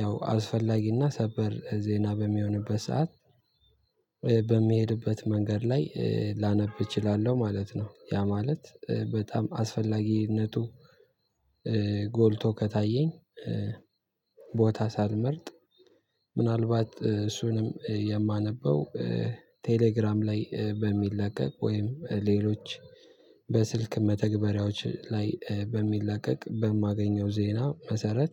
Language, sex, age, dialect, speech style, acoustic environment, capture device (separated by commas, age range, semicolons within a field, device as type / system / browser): Amharic, male, 18-24, Ethiopia - Addis Ababa, tired; focused; excited, nature; silence, mobile / Linux / Chrome